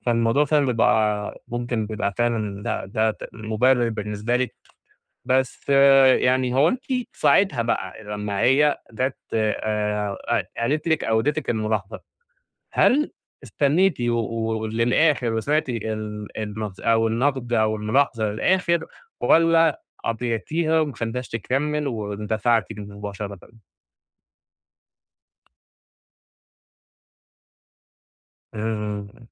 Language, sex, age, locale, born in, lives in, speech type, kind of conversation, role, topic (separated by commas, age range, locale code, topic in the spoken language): Arabic, male, 30-34, ar-EG, Egypt, Egypt, conversation, advice, advisor, إزاي أقدر أفضّل هادي لما حد يوجّه لي ملاحظات؟